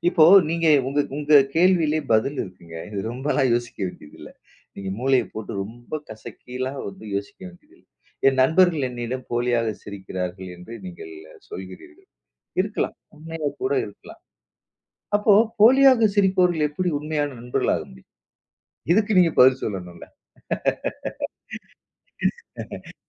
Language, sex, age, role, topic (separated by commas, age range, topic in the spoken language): Tamil, male, 40-44, guest, சிரிப்பு எவ்வளவு உண்மையானது என்பதை நீங்கள் எப்படி அறிந்துகொள்வீர்கள்?